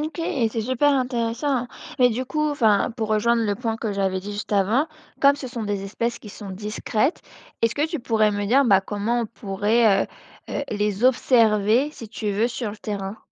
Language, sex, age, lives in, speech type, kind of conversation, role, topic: French, female, 25-29, France, read, expert-assistant, asker, Est-ce qu’on peut trouver une analogie simple pour expliquer comment les espèces dans une prairie travaillent ensemble, un peu comme une équipe de sport ?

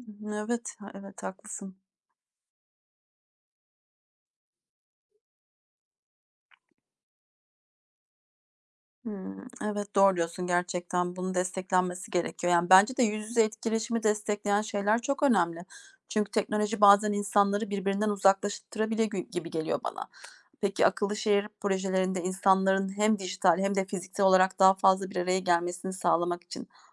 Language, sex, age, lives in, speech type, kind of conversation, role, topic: Turkish, female, 40-44, Germany, read, expert-assistant, asker, Bir şehirde 'akıllı şehir' kavramı ne anlama gelir ve günlük yaşama nasıl yansır?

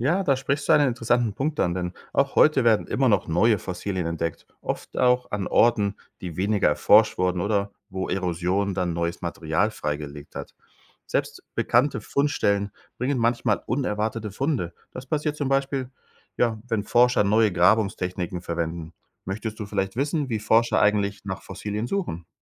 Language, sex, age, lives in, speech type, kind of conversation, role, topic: German, male, 45-49, Spain, read, expert-assistant, expert, Wie entstehen Fossilien?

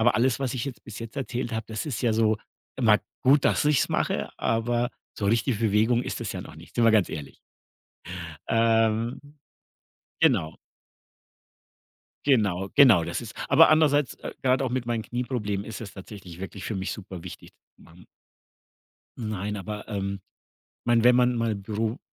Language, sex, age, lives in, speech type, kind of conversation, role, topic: German, male, 50-54, Germany, conversation, podcast, guest, Wie integrierst du Bewegung an stressigen Arbeitstagen?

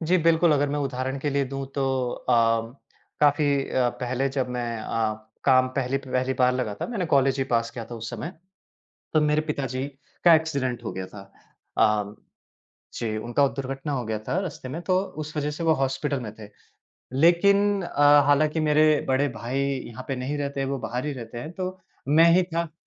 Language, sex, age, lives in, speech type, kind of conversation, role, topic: Hindi, male, 30-34, India, conversation, podcast, guest, आप काम और निजी ज़िंदगी के बीच संतुलन कैसे बनाते हैं?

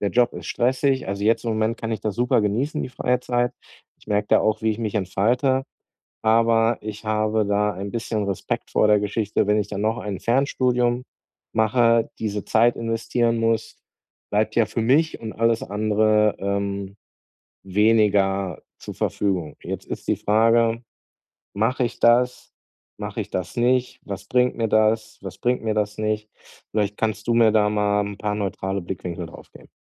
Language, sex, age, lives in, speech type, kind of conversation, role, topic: German, male, 40-44, Germany, conversation, advice, user, Wie viel Zeit sollte ich in die Arbeit investieren, ohne meine Lebenszufriedenheit zu vernachlässigen?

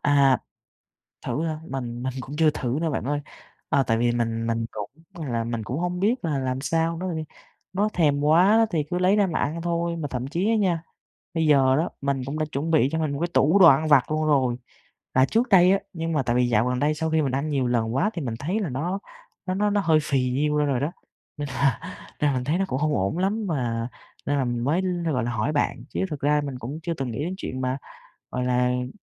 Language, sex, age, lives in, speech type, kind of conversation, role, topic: Vietnamese, male, 20-24, Vietnam, conversation, advice, user, Việc thèm ăn vặt vào ban đêm ảnh hưởng đến giấc ngủ của bạn như thế nào?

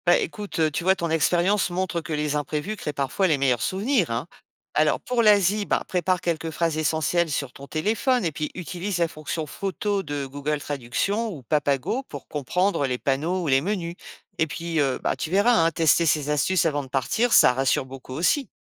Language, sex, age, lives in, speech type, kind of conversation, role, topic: French, female, 50-54, France, read, expert-assistant, expert, Comment équilibrer spontanéité et préparation quand on prépare des vacances à l’étranger ?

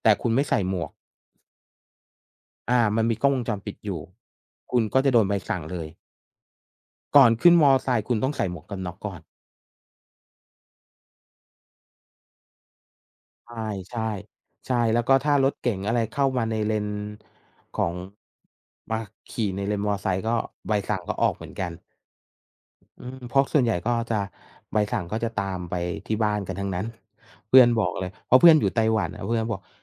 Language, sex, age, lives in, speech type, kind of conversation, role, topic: Thai, male, 45-49, Thailand, conversation, unstructured, none, คุณคิดว่าการเดินทางสามารถเปลี่ยนมุมมองต่อชีวิตของคุณได้อย่างไร?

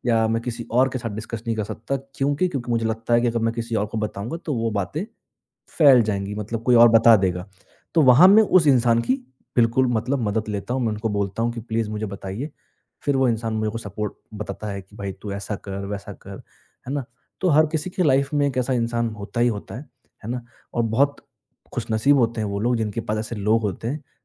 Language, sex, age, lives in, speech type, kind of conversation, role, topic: Hindi, male, 20-24, India, conversation, podcast, guest, Apna support system banane ke liye tum kya karte ho?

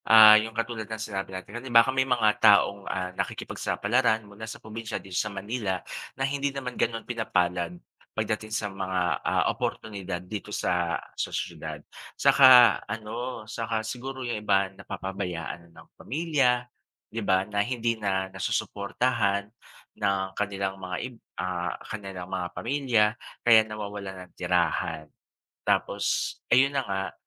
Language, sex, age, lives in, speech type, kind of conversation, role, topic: Filipino, male, 40-44, Philippines, conversation, unstructured, none, Ano ang nararamdaman mo tungkol sa pagdami ng mga taong walang tirahan sa paligid mo?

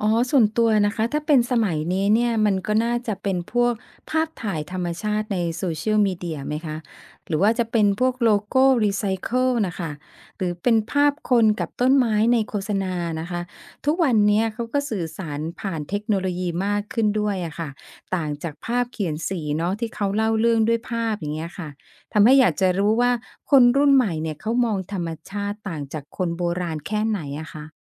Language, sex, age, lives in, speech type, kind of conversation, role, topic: Thai, female, 50-54, Thailand, read, expert-assistant, asker, ถ้าต้องจัดอันดับสถานที่ธรรมชาติในไทยตามความสำคัญทางธรณีวิทยา คุณคิดว่าที่ไหนควรอยู่ลำดับต้น ๆ และเพราะเหตุใด?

